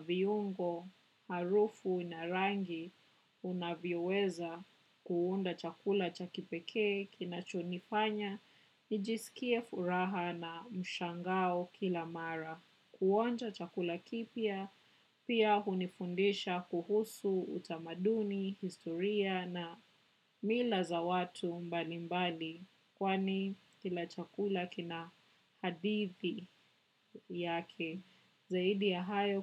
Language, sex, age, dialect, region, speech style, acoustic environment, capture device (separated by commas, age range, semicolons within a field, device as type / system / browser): Swahili, female, 25-34, Kenya - Nairobi Sheng-influenced, Kenya, relaxed, silence, desktop / macOS / Chrome